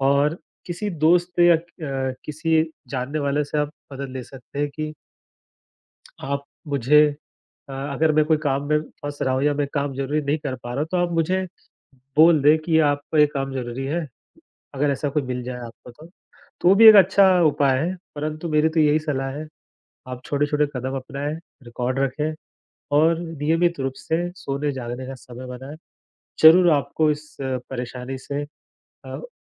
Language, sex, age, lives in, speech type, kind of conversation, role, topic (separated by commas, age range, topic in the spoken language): Hindi, male, 35-39, India, conversation, advice, advisor, आप सुबह की नियमित दिनचर्या क्यों नहीं बना पा रहे हैं?